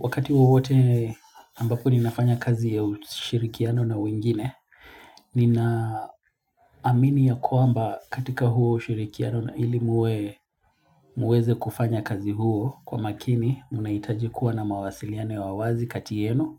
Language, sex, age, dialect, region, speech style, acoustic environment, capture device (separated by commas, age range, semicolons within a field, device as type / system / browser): Swahili, male, 25-34, Kenya - Mombasa, Kenya, relaxed, nature, mobile / Linux / Chrome